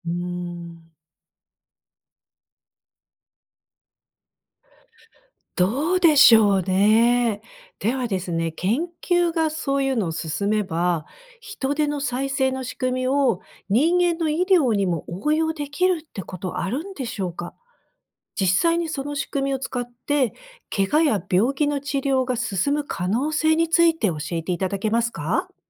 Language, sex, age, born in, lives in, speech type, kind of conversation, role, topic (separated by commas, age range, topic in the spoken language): Japanese, female, 55-59, Japan, United States, read, expert-assistant, asker, なぜヒトデは腕を再生できるのでしょうか？